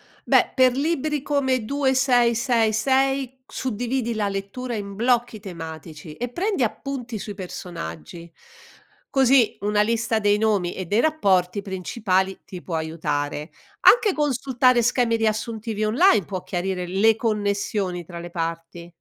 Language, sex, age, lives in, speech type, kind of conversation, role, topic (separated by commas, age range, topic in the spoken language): Italian, female, 60-64, Italy, read, expert-assistant, expert, Hai mai trovato difficile capire un romanzo contemporaneo? Quali strategie possiamo usare per non perderci tra trame complesse o linguaggio particolare?